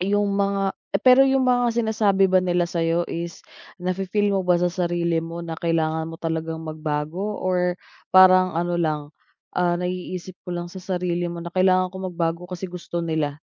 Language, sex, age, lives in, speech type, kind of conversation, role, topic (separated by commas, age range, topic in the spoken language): Filipino, female, 30-34, Philippines, conversation, advice, advisor, Paano ko haharapin ang kritisismo at matututo mula rito?